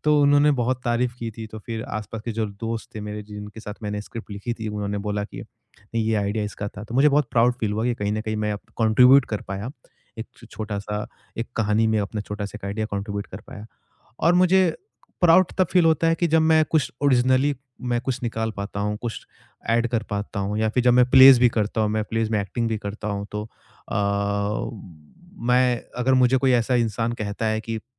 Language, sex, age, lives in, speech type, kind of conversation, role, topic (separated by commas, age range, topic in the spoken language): Hindi, male, 25-29, India, conversation, podcast, guest, Tum apni sanskritik jadon ko apne rachnatmak kaam mein kaise shamil karte ho?